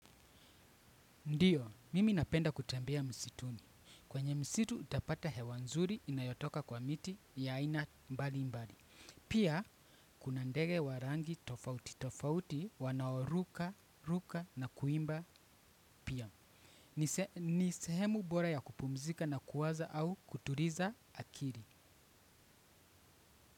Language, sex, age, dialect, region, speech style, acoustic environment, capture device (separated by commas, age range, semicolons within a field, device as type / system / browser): Swahili, male, 25-34, Kenya - Nairobi Sheng-influenced, Kenya, excited, nature; traffic; people talking; appliances, desktop / Windows / Chrome